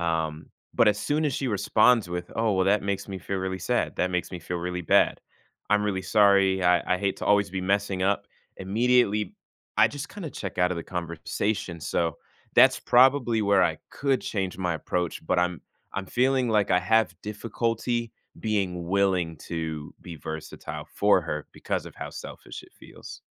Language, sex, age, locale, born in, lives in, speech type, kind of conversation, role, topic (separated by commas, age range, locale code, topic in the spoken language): English, male, 20-24, en-US, United States, United States, conversation, advice, user, How can I stop feeling drained by emotional labor in my relationship?